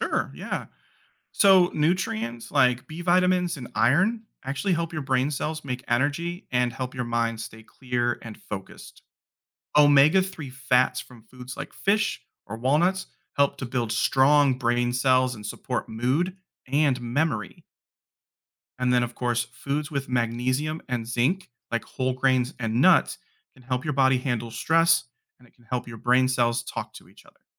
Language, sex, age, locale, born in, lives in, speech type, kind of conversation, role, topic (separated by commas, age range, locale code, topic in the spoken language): English, male, 30-34, en-US, United States, United States, read, expert-assistant, expert, How does basic biology knowledge guide health decisions?